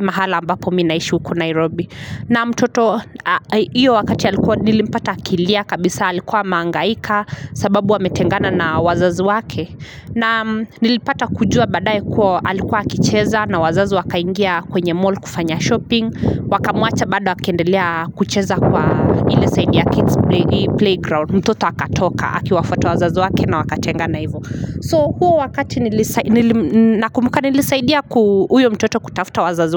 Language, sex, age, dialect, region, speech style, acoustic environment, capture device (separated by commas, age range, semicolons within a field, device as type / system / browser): Swahili, female, 25-34, Kenya - Nairobi Sheng-influenced, Kenya, happy; relaxed; focused, nature; people talking, mobile / Linux / Chrome